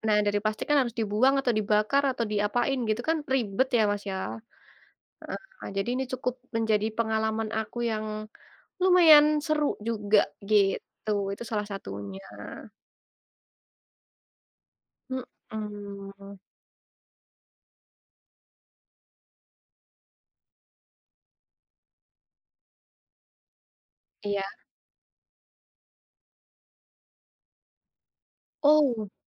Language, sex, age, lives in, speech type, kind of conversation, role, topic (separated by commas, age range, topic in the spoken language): Indonesian, female, 20-24, Indonesia, conversation, unstructured, none, Bagaimana cara mengurangi penggunaan plastik sekali pakai dalam kehidupan sehari-hari?